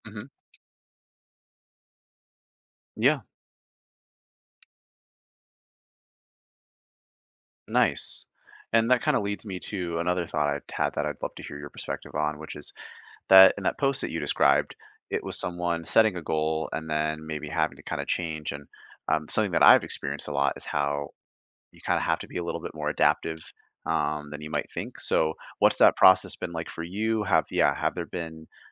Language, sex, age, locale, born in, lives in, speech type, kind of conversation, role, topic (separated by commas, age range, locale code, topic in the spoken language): English, male, 25-29, en-US, Canada, United States, conversation, podcast, host, Which daily routines or practices have had the biggest impact on your personal growth?